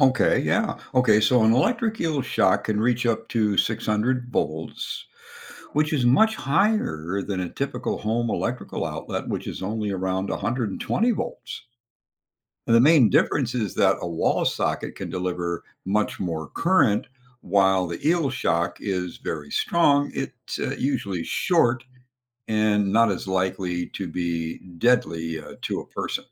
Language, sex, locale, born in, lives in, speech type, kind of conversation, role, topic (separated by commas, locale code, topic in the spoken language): English, male, en-US, United States, United States, read, expert-assistant, expert, How do electric eels generate electricity in their bodies?